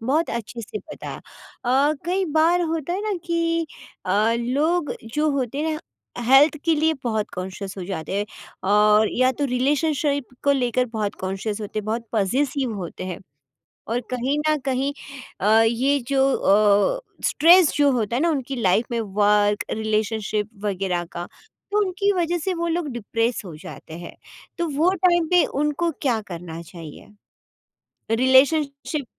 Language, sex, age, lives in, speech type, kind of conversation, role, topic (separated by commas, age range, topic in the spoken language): Hindi, female, 40-44, India, conversation, podcast, host, Kab aapko lagta hai ki give up karna theek hota hai?